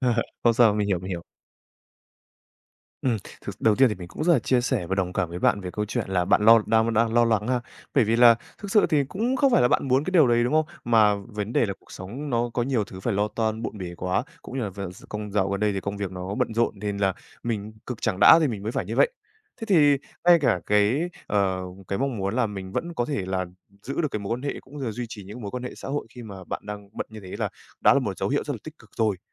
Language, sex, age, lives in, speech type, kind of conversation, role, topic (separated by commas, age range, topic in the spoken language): Vietnamese, male, 18-19, Vietnam, conversation, advice, advisor, Làm thế nào để duy trì các mối quan hệ xã hội khi bạn bận rộn?